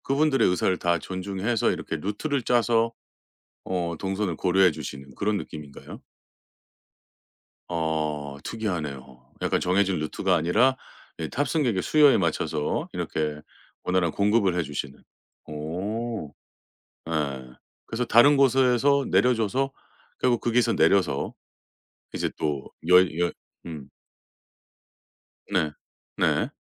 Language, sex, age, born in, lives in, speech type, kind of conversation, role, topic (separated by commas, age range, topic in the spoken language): Korean, male, 45-49, South Korea, United States, conversation, podcast, host, 언어가 통하지 않는 사람과 소통해 본 적이 있으신가요?